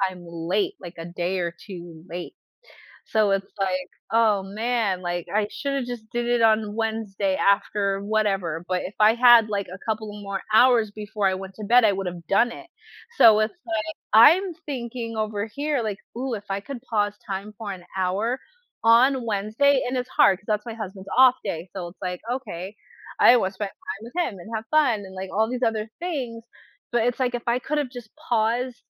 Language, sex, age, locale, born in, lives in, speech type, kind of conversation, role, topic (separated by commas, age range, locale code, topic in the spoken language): English, female, 30-34, en-US, United States, United States, conversation, unstructured, none, How might having extra time to yourself change your daily life and priorities?